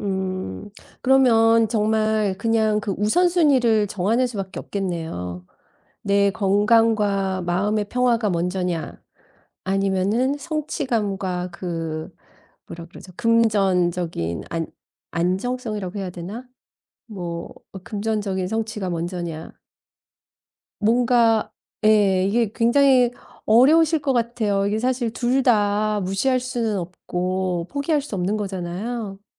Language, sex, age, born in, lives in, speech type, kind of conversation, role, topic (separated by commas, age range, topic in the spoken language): Korean, female, 50-54, South Korea, United States, conversation, advice, advisor, 안정적인 일상과 불확실한 새 출발 사이에서 언제 큰 변화를 시작해야 할까요?